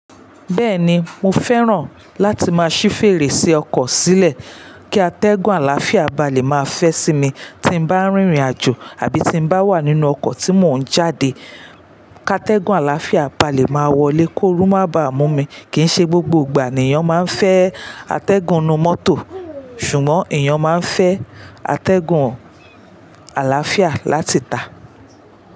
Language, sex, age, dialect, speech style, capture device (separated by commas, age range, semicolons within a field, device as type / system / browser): Yoruba, female, 35-44, Nigeria - Lagos Yoruba, relaxed, mobile / Linux / Chrome